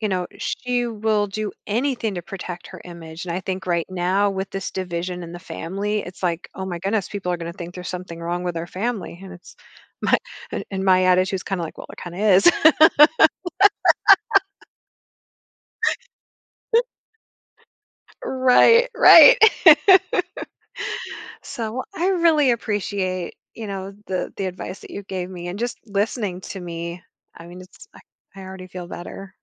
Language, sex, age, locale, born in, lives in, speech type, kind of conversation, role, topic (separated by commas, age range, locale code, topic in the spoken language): English, female, 45-49, en-US, United States, United States, conversation, advice, user, How can I communicate more clearly and listen better in my relationships?